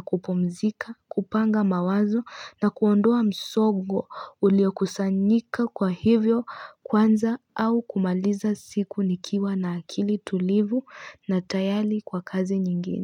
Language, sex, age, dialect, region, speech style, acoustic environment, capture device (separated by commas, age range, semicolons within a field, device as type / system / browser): Swahili, female, 25-34, Kenya - Nairobi Sheng-influenced, Kenya, tired, people talking; nature; music, mobile / Linux / Chrome